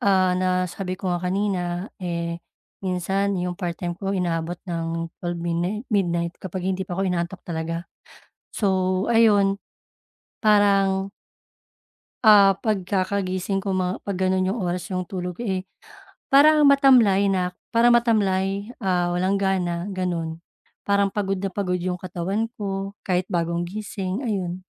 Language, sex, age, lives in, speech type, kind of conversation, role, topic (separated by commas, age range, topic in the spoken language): Filipino, female, 35-39, Philippines, conversation, advice, user, Paano ko mababalanse ang oras sa harap ng iskrin at tunay na pahinga?